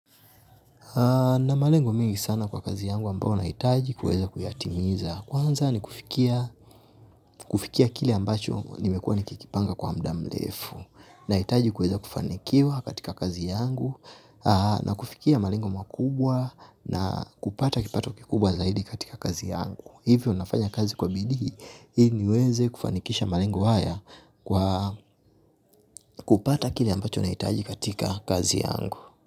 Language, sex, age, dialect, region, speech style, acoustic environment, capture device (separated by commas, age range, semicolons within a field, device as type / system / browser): Swahili, male, 25-34, Tanzania - Dar es Salaam, Tanzania, relaxed; focused, silence, mobile / Linux / Chrome